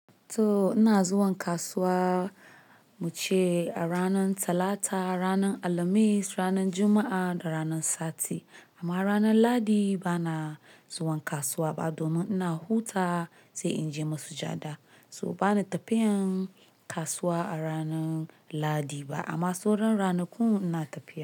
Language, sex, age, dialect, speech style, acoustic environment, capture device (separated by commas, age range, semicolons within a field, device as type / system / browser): Hausa, male, 25-34, Nigeria - Nigerian Standard, nervous, appliances, mobile / Linux / Chrome